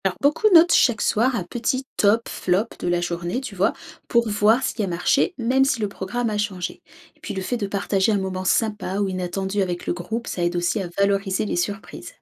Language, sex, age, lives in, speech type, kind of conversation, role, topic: French, female, 30-34, France, read, expert-assistant, expert, Comment apprendre à planifier des voyages plus efficacement à chaque nouvelle expérience ?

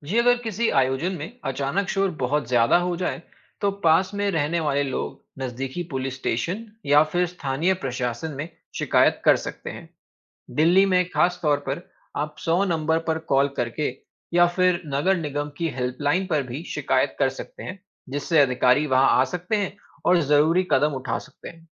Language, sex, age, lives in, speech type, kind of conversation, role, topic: Hindi, male, 20-24, India, read, expert-assistant, expert, क्या सार्वजनिक स्थानों पर शोर करना दूसरों को परेशान कर सकता है?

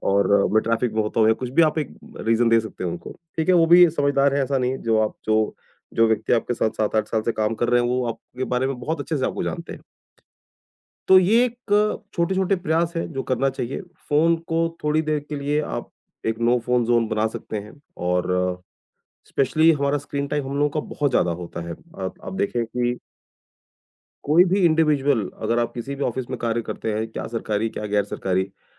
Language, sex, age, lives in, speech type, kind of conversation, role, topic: Hindi, male, 40-44, India, conversation, podcast, guest, Office aur ghar ki boundaries set karne ke liye tumhari ek practical tip kya hai?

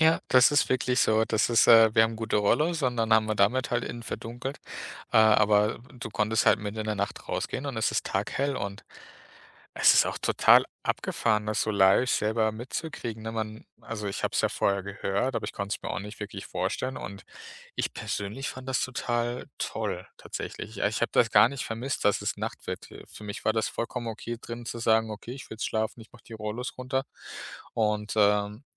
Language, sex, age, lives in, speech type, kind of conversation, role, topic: German, male, 30-34, Germany, conversation, podcast, guest, Welcher Ort hat deine Sicht auf die Welt erweitert?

